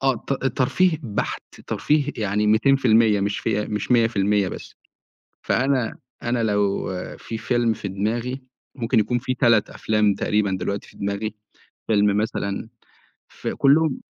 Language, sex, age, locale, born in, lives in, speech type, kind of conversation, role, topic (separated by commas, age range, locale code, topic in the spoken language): Arabic, male, 25-29, ar-EG, Egypt, Egypt, conversation, podcast, guest, احكيلي عن فيلم غيّر نظرتك للحياة؟